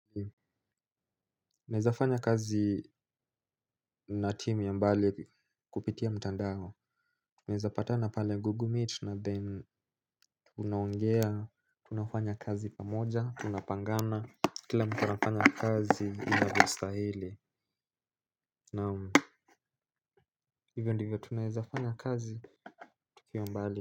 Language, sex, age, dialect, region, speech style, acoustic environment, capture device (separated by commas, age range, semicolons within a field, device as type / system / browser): Swahili, male, 18-24, Kenya - Nairobi Sheng-influenced, Kenya, anxious, silence, mobile / Linux / Chrome